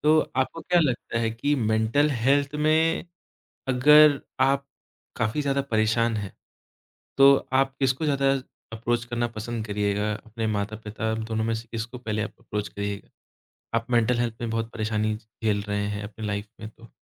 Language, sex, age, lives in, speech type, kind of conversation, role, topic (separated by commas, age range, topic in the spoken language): Hindi, male, 25-29, India, conversation, podcast, host, Family mein mental health ko lekar nazariya peedhi dar peedhi kaise badla?